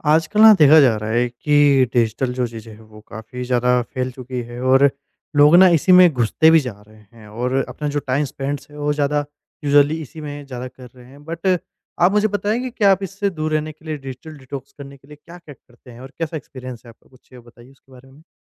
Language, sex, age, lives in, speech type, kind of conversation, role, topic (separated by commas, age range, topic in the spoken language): Hindi, male, 20-24, India, conversation, podcast, host, Digital detox aapne kabhi kiya hai, aur aapka experience kaisa raha?